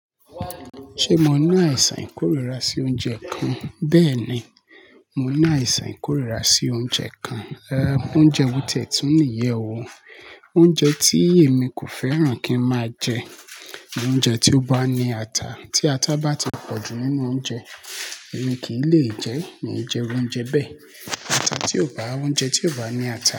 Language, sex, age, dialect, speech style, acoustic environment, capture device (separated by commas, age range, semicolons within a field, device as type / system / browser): Yoruba, male, 25-34, Nigeria - Lagos Yoruba, happy, people talking; nature, mobile / Linux / Chrome